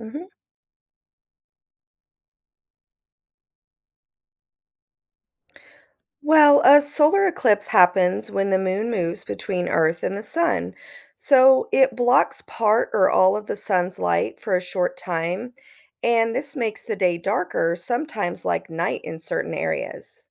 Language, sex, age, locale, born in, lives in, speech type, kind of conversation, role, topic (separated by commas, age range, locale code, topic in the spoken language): English, female, 40-44, en-US, United States, United States, read, expert-assistant, expert, What is the significance of the solar eclipse?